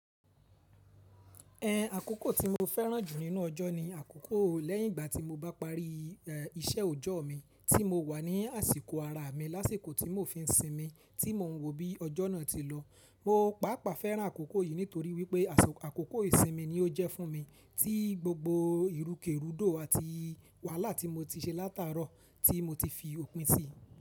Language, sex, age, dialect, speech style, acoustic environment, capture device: Yoruba, male, 25-34, Nigeria - Ibadan, relaxed, silence, mobile / Linux / Chrome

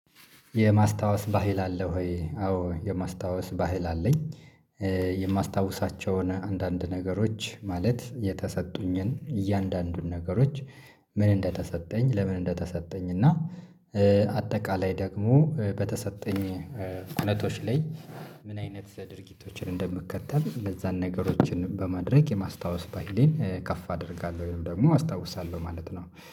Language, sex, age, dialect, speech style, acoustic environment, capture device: Amharic, male, 25-34, Ethiopia - Addis Ababa, happy; relaxed, silence, mobile / Linux / Chrome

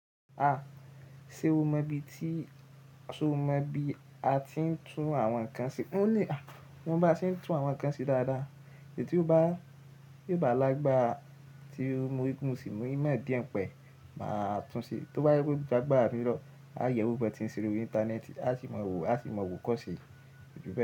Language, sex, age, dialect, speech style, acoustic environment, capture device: Yoruba, male, 18-24, Nigeria - Ibadan, relaxed, silence, mobile / Linux / Chrome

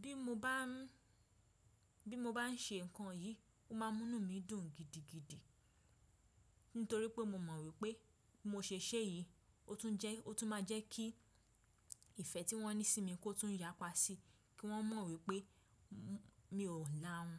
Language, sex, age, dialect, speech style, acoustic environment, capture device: Yoruba, female, 25-34, Nigeria - Ibadan, relaxed, silence, desktop / Windows / Chrome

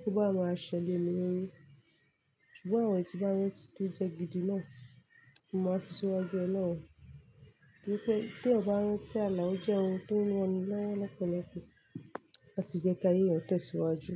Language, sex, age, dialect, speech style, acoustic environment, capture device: Yoruba, female, 25-34, Nigeria - Lagos Yoruba, focused, silence, mobile / Linux / Chrome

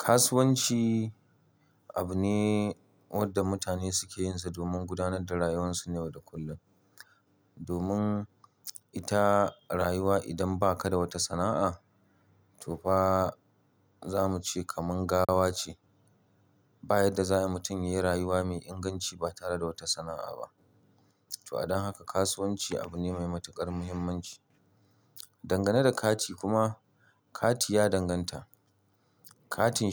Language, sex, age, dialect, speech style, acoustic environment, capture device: Hausa, male, 35-44, Nigeria - Kano, happy; relaxed; excited; focused, nature; silence; appliances, mobile / Linux / Chrome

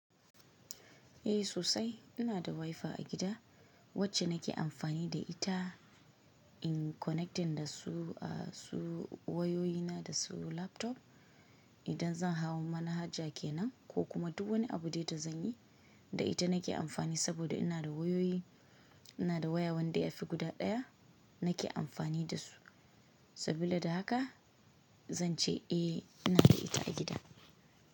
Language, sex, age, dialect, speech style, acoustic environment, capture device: Hausa, female, 25-34, Nigeria - Kano, focused; relaxed, nature, mobile / Linux / Chrome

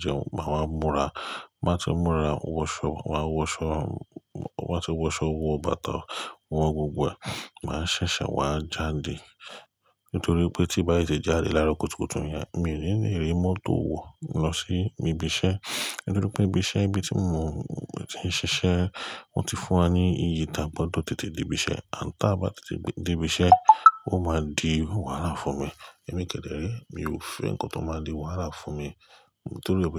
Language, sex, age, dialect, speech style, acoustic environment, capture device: Yoruba, male, 35-44, Nigeria - Lagos Yoruba, relaxed, nature, mobile / Linux / Chrome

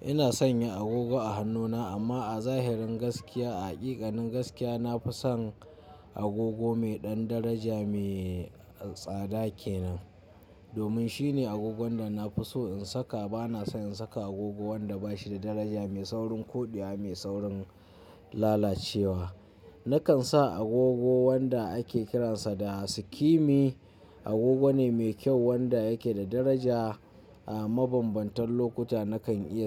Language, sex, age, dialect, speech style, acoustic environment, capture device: Hausa, male, 25-34, Nigeria - Kano, happy, nature, mobile / Linux / Chrome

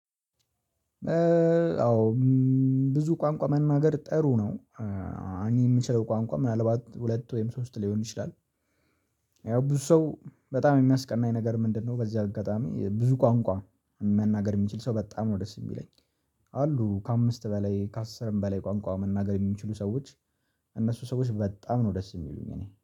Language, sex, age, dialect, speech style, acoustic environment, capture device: Amharic, male, 18-24, Ethiopia - Addis Ababa, happy, silence, mobile / Linux / Chrome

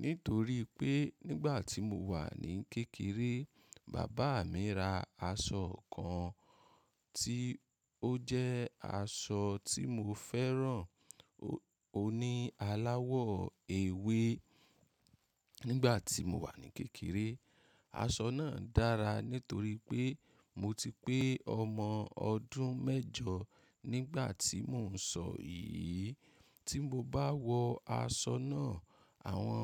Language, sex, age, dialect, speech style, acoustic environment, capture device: Yoruba, male, 25-34, Nigeria - Ibadan, happy; excited; focused; relaxed, nature; silence, mobile / macOS / Safari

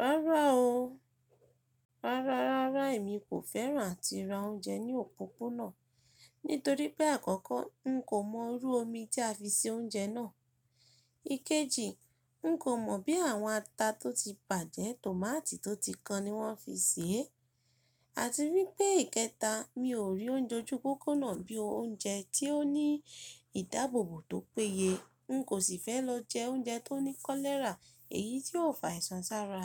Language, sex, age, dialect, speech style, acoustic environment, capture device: Yoruba, female, 25-34, Nigeria - Ibadan, relaxed, nature, mobile / Linux / Chrome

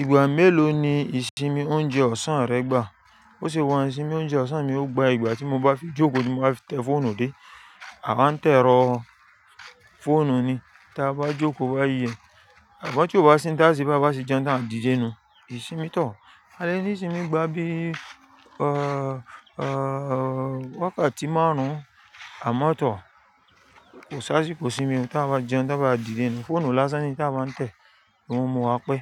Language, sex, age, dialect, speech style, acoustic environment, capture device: Yoruba, female, 35-44, Nigeria - Lagos Yoruba, excited, nature, mobile / Linux / Chrome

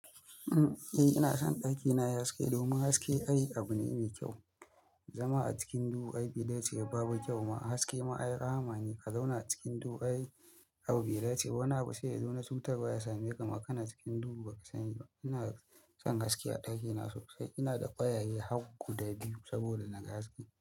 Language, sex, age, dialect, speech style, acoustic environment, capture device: Hausa, male, 25-34, Nigeria - Kano, happy, appliances, mobile / Linux / Chrome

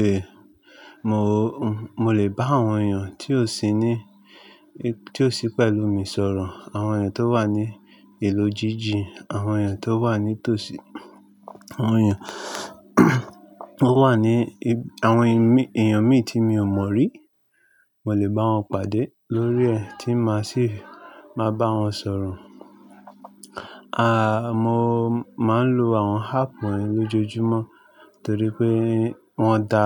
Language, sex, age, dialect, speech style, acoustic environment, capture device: Yoruba, male, 25-34, Nigeria - Lagos Yoruba, relaxed; nervous, nature, mobile / Linux / Chrome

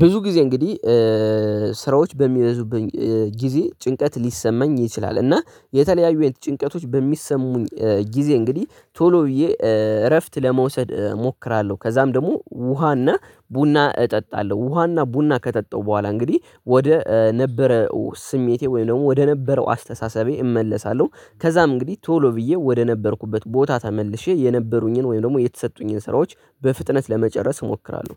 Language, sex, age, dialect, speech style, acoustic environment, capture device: Amharic, male, 18-24, Ethiopia - Addis Ababa, focused; relaxed; tired; excited; anxious; happy, nature, desktop / Linux / Chrome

